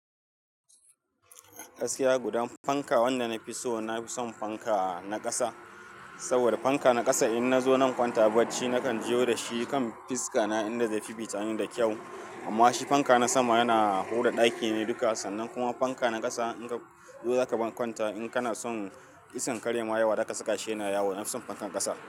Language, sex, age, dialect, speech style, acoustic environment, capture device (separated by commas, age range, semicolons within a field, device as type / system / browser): Hausa, male, 35-44, Nigeria - Kano, happy, people talking, mobile / Linux / Chrome